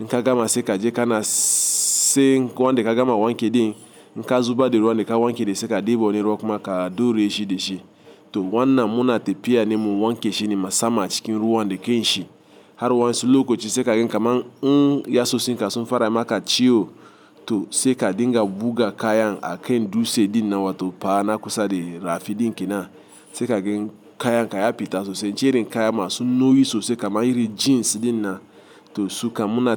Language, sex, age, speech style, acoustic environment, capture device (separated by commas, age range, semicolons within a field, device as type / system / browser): Hausa, male, 35-44, happy, traffic; appliances, mobile / Linux / Chrome